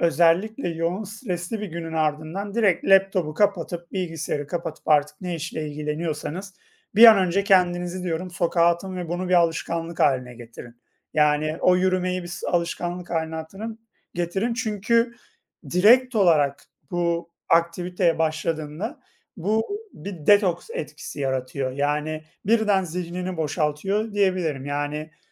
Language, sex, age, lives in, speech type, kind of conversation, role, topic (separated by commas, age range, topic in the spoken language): Turkish, male, 30-34, Poland, conversation, unstructured, none, Her gün mutlaka yaptığınız küçük ama önemli bir alışkanlık nedir?